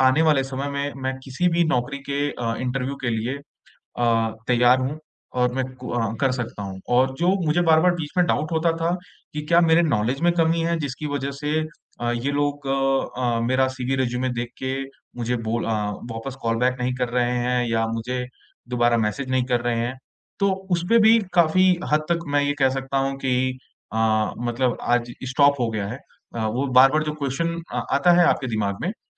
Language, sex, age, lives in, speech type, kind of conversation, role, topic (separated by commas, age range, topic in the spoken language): Hindi, male, 25-29, India, conversation, advice, user, जब सब कुछ अनिश्चित लगे, तो बदलाव के बीच छोटी-छोटी सफलताओं से उम्मीद कैसे बनाए रखें?